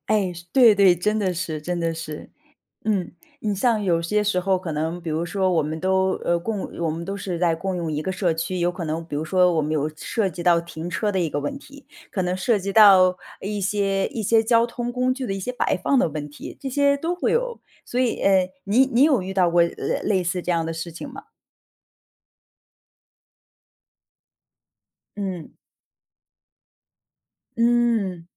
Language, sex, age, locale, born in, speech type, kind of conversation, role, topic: Chinese, female, 35-39, zh-CN, China, conversation, podcast, host, 如何在社区里传递尊重与包容？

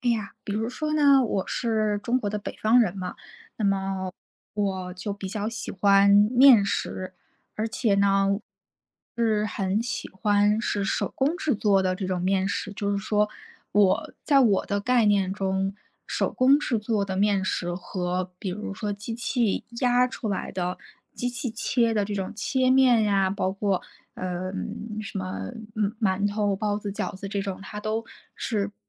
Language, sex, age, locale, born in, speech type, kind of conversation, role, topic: Chinese, female, 30-34, zh-CN, China, conversation, advice, user, 我因为想念家乡的食物和节日习惯而情绪低落，该怎么办？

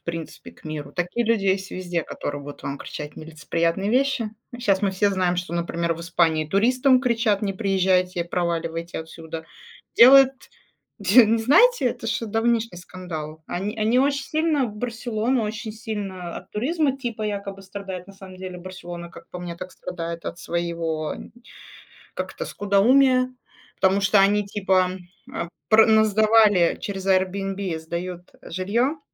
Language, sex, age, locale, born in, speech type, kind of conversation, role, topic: Russian, female, 35-39, ru-RU, Russia, conversation, unstructured, none, Что для тебя важнее — быть похожим на других или выделяться?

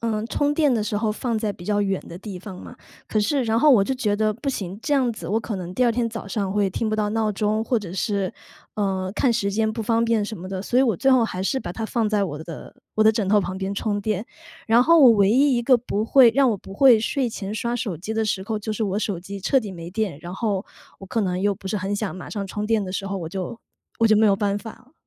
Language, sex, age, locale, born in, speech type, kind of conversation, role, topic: Chinese, female, 35-39, zh-CN, China, conversation, advice, user, 睡前刷手机会怎样影响你的睡眠，你又想如何培养更好的睡前习惯？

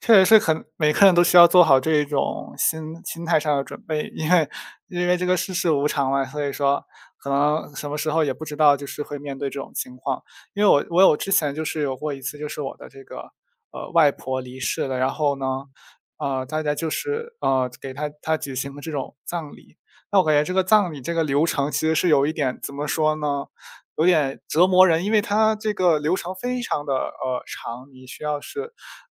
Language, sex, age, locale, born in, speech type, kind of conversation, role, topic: Chinese, male, 20-24, zh-CN, China, conversation, unstructured, none, 你觉得人们应该如何面对亲人的离世？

